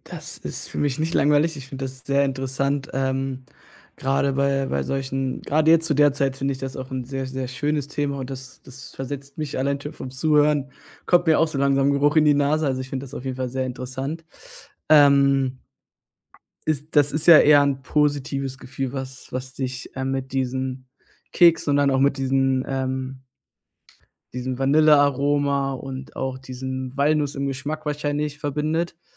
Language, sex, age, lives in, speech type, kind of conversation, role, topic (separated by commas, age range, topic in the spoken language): German, male, 20-24, Germany, conversation, podcast, host, Welche Erinnerungen rufst du dir durch einen bestimmten Geruch besonders gern ins Gedächtnis?